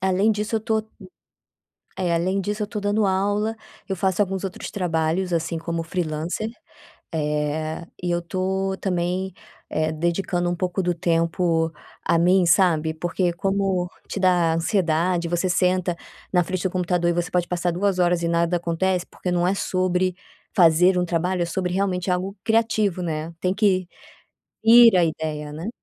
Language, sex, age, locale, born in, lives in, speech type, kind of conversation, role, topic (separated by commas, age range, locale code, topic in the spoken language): Portuguese, female, 30-34, pt-BR, Brazil, Spain, conversation, advice, user, Como posso superar o bloqueio e finalizar trabalhos antigos que ficaram inacabados?